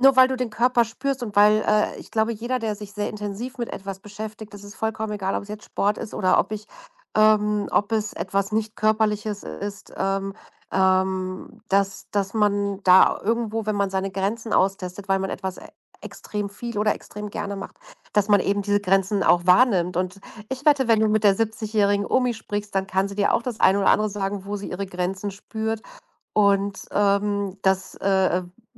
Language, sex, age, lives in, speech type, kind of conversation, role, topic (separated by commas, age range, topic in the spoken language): German, female, 40-44, Germany, conversation, advice, advisor, Wie kannst du deinen Alltag neu organisieren, wenn sich deine Gesundheit oder Mobilität plötzlich verändert hat?